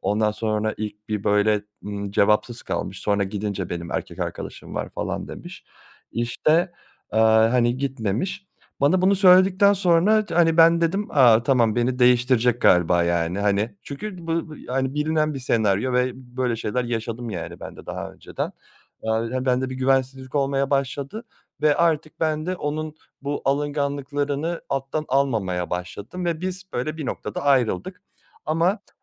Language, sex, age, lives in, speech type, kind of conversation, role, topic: Turkish, male, 30-34, Germany, conversation, advice, user, Aldatma sonrası ilişkiyi sürdürmeli miyim, yoksa bitirmeli miyim?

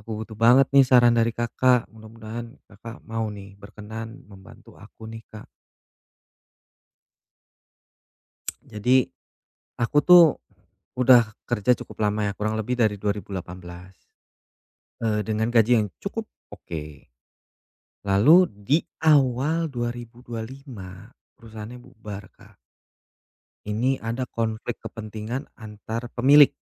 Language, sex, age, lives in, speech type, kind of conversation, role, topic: Indonesian, male, 35-39, Indonesia, conversation, advice, user, Bagaimana cara membuat anggaran yang efektif untuk melunasi utang secepat mungkin?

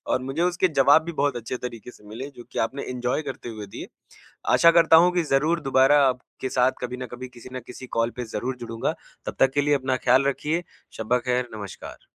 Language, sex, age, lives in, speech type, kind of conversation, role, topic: Hindi, male, 25-29, India, conversation, podcast, host, Rest ko priority banane ke liye aap apni family ko kaise involve karte ho?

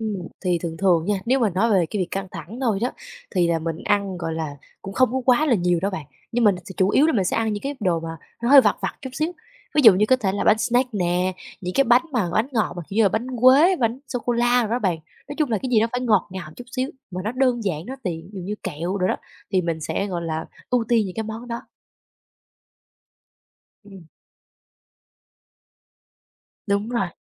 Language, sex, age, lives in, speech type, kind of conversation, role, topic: Vietnamese, female, 20-24, Vietnam, conversation, advice, user, Tôi thường ăn theo cảm xúc mỗi khi căng thẳng—tôi nên làm gì để kiểm soát?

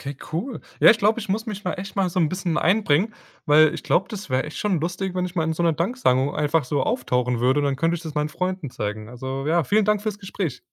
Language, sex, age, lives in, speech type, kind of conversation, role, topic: German, male, 20-24, Germany, read, expert-assistant, asker, Wie kann ich herausfinden, welchen Nachrichten zu neuen Entdeckungen über Sterne ich wirklich vertrauen kann?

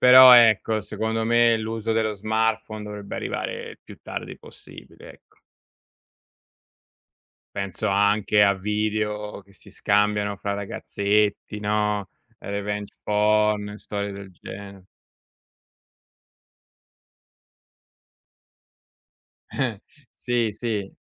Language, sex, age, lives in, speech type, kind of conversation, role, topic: Italian, male, 40-44, Italy, conversation, unstructured, none, Hai mai avuto paura di perdere il controllo sui tuoi dati online?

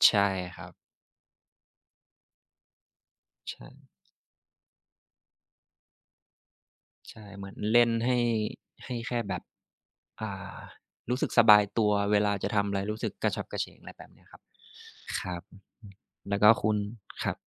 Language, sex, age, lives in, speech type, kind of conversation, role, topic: Thai, male, 25-29, Thailand, conversation, unstructured, none, คุณรักษาสมดุลในการออกกำลังกายในชีวิตประจำวันอย่างไร?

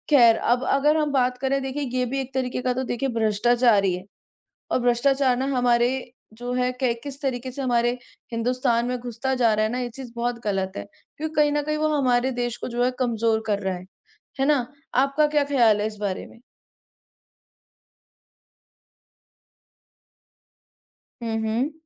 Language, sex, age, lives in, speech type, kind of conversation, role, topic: Hindi, male, 30-34, India, conversation, unstructured, none, आपके हिसाब से भ्रष्टाचार क्यों बढ़ता है?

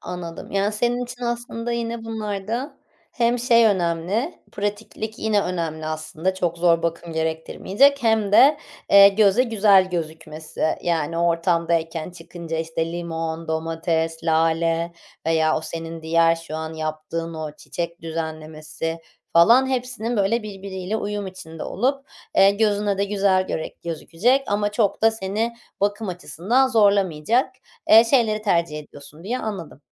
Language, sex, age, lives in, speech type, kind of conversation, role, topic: Turkish, female, 30-34, Netherlands, conversation, podcast, host, Balkon veya çatı bahçeciliği hakkında neler önerirsiniz?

